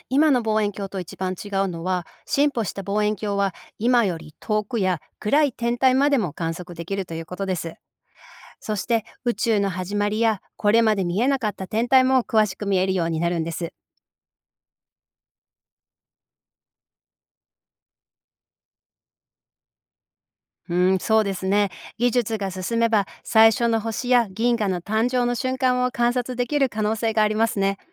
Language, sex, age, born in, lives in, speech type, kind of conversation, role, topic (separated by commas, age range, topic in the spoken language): Japanese, female, 45-49, Japan, United States, read, expert-assistant, expert, 未来の望遠鏡ができたら、恒星についてどんな新しい発見が期待できると思いますか？